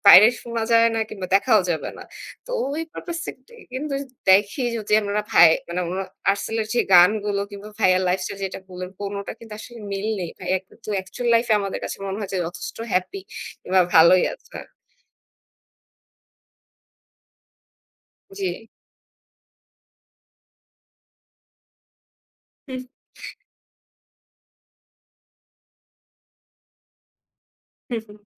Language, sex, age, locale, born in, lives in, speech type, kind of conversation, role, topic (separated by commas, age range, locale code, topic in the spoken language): Bengali, female, 25-29, bn-BD, Bangladesh, Bangladesh, conversation, unstructured, none, কোন গান তোমাকে গতকালের মতো স্মৃতিতে ফিরিয়ে নিয়ে যায়?